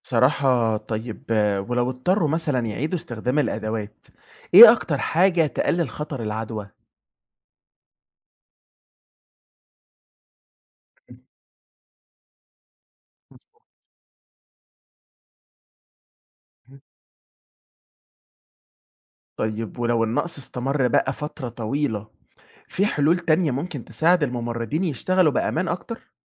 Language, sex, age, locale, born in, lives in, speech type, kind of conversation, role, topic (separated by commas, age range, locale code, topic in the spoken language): Arabic, male, 20-24, ar-EG, Egypt, Egypt, read, expert-assistant, asker, إزاي الممرضين يقدروا يتعاملوا مع نقص الإمكانيات أو الأدوات في الشغل؟